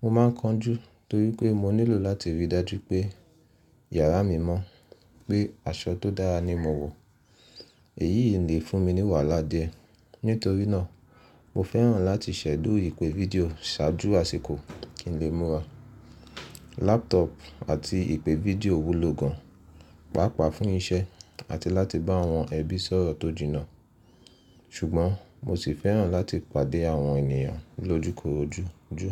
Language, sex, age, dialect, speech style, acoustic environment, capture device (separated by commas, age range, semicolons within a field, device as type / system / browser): Yoruba, male, 25-34, Nigeria - Nigerian Standard, happy; relaxed, silence, mobile / Linux / Chrome